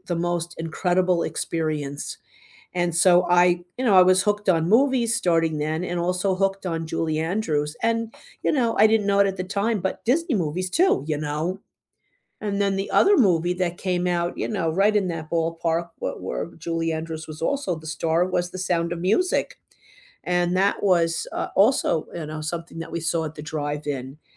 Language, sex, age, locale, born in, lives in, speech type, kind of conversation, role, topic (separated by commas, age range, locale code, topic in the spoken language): English, female, 65-69, en-US, United States, United States, conversation, unstructured, none, What was the first movie that truly hooked you, and why?